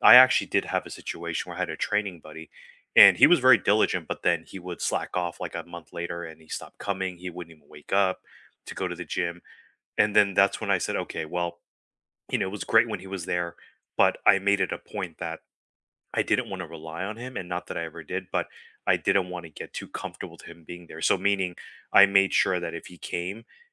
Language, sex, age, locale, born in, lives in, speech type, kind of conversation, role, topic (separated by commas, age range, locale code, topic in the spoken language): English, male, 35-39, en-US, United States, United States, conversation, unstructured, none, What makes a workout fun and keeps people coming back?